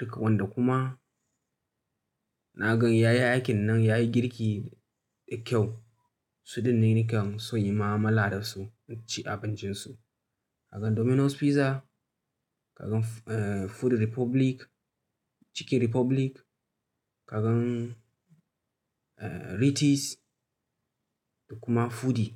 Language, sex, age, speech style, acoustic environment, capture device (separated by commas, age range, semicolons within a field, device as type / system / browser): Hausa, male, 25-34, tired; focused; relaxed, silence, mobile / Linux / Chrome